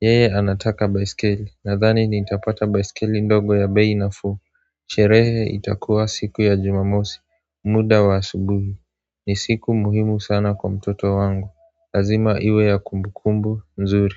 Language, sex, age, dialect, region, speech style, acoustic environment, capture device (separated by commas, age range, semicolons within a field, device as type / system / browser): Swahili, male, 18-24, Kenya - Nairobi Sheng-influenced, Kenya, relaxed, silence, mobile / Android / Chrome